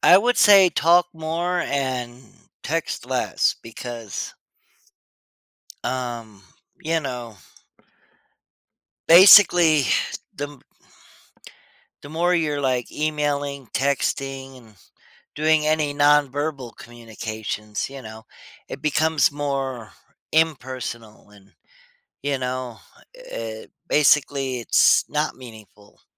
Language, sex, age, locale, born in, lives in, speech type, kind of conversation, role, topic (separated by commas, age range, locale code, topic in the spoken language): English, male, 40-44, en-US, United States, United States, conversation, podcast, guest, In what ways has technology influenced our ability to connect with others?